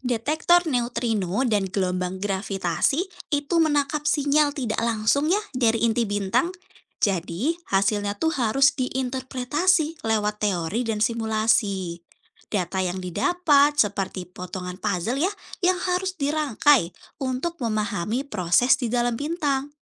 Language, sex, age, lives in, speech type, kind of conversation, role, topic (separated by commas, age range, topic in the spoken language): Indonesian, female, 20-24, Indonesia, read, expert-assistant, expert, Kenapa penjelasan tentang bagaimana bintang bisa tetap bercahaya kadang berbeda-beda? Apakah ada hal yang belum sepenuhnya dipahami tentang cara kerja bintang?